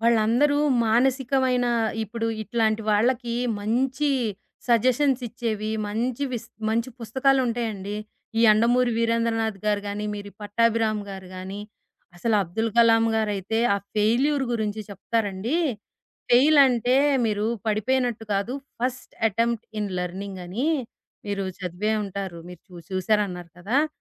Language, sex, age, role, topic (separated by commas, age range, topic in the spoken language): Telugu, female, 40-44, host, మీకు అత్యంత ఇష్టమైన ప్రభావశీలి ఎవరు, ఎందుకు?